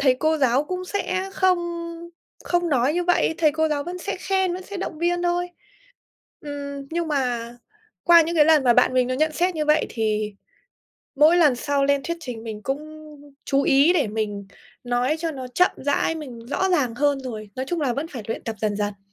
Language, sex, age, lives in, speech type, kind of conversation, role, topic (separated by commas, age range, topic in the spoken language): Vietnamese, female, 25-29, Vietnam, conversation, podcast, guest, Bạn vượt qua nỗi sợ bị đánh giá như thế nào khi bày tỏ bản thân?